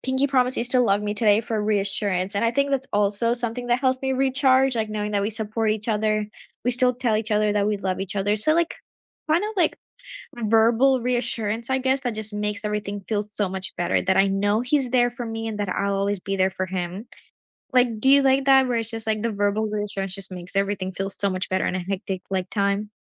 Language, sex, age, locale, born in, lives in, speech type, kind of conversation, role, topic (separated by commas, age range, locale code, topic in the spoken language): English, female, 18-19, en-US, India, United States, conversation, unstructured, none, What simple rituals help you recharge during hectic times, and how can we support each other?